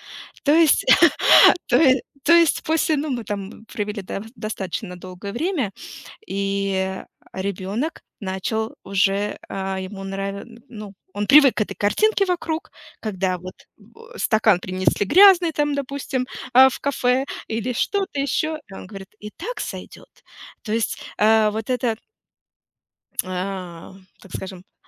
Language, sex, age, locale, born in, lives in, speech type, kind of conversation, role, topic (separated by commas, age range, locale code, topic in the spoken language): Russian, female, 35-39, ru-RU, Russia, United States, conversation, unstructured, none, Что важнее: личная свобода или общественный порядок?